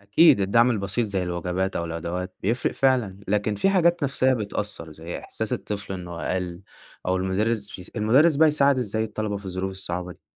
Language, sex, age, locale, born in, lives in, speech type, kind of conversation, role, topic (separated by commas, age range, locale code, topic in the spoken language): Arabic, male, 20-24, ar-EG, Egypt, Egypt, read, expert-assistant, asker, لو حبينا نشرح عدم المساواة الاجتماعية في مصر كأنها سباق جري، إيه الأنالوجي أو التشبيه اللي ممكن يوضح الفكرة دي؟